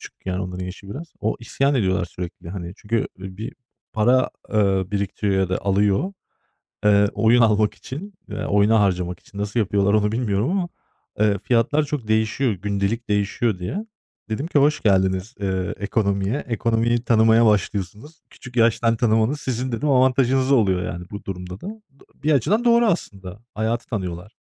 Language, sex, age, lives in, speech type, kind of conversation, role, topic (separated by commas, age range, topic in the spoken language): Turkish, male, 35-39, Germany, conversation, unstructured, none, Herkesin bilmesi gereken en önemli finansal alışkanlık nedir?